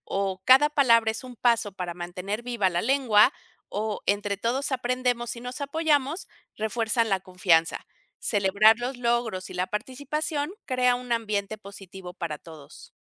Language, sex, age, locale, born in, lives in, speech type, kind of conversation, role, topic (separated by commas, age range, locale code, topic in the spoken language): Spanish, female, 50-54, es-MX, Mexico, Mexico, read, expert-assistant, expert, ¿Qué estrategias prácticas pueden ayudar a que las personas jóvenes se interesen en aprender idiomas en peligro de extinción?